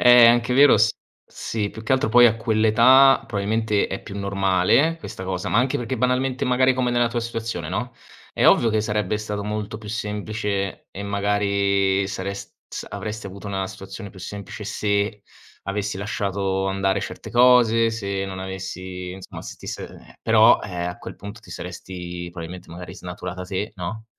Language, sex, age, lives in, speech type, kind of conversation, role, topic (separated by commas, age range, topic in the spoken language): Italian, male, 25-29, Italy, conversation, unstructured, none, Che cosa ti spaventa quando pensi al sistema scolastico?